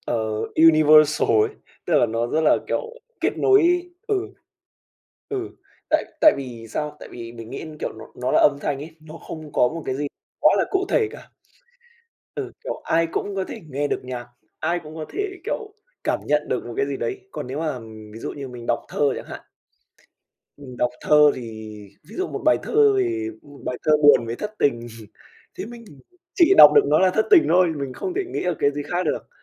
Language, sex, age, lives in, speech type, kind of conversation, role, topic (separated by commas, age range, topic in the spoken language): Vietnamese, male, 20-24, Vietnam, conversation, podcast, guest, Bạn nghĩ âm nhạc kết nối mọi người với nhau như thế nào?